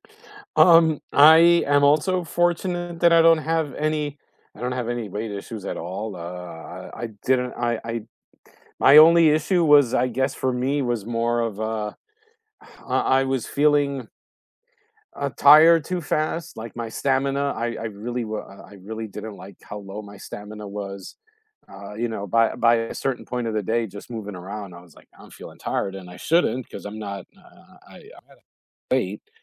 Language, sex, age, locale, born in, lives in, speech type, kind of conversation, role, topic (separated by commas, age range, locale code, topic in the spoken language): English, male, 45-49, en-US, United States, United States, conversation, unstructured, none, What are your favorite joyful ways to move your body?